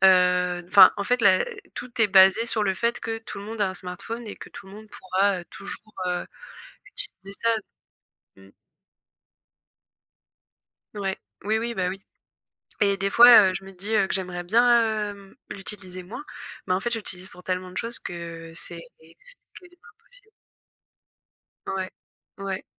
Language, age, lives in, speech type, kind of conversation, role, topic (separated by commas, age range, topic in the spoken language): French, 25-29, France, conversation, unstructured, none, Qu’est-ce qui te frustre le plus avec la technologie au quotidien ?